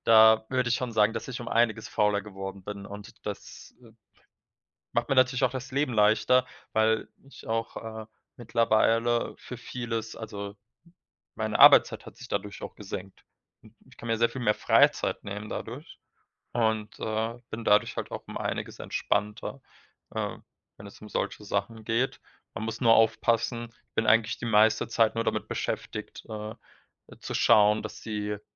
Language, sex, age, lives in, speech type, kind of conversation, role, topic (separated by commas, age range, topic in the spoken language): German, male, 25-29, Germany, conversation, unstructured, none, Wie beeinflusst Technik deinen Alltag am stärksten?